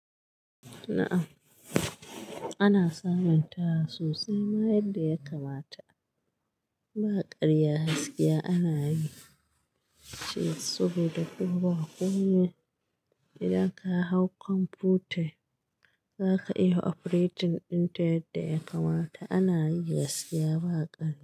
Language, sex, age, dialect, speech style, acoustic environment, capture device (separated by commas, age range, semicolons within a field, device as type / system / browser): Hausa, female, 35-44, Nigeria - Katsina, happy, construction; nature; silence, mobile / Linux / Chrome